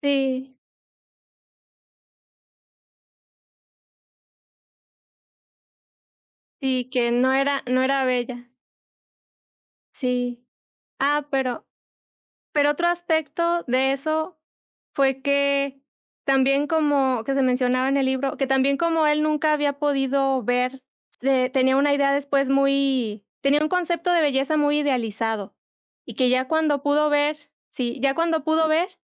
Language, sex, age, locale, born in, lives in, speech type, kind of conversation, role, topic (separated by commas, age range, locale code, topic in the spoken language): Spanish, female, 25-29, es-MX, Mexico, Mexico, conversation, unstructured, none, ¿Has sentido que una película te hizo ver la vida de otra manera?